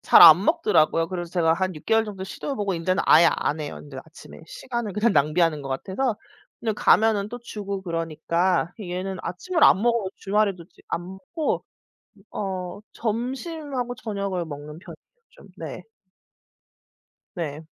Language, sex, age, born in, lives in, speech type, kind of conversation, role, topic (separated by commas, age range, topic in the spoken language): Korean, female, 35-39, South Korea, United States, conversation, unstructured, none, 아침 식사와 저녁 식사 중 어느 식사가 더 중요하다고 생각하시나요?